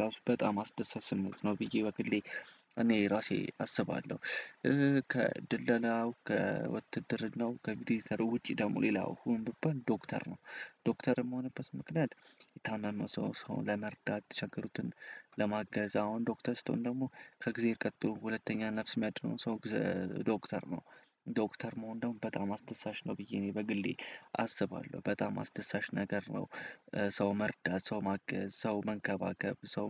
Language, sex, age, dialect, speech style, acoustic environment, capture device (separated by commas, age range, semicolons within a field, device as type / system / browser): Amharic, male, 18-24, Ethiopia - Addis Ababa, happy; excited, silence, desktop / Windows / Chrome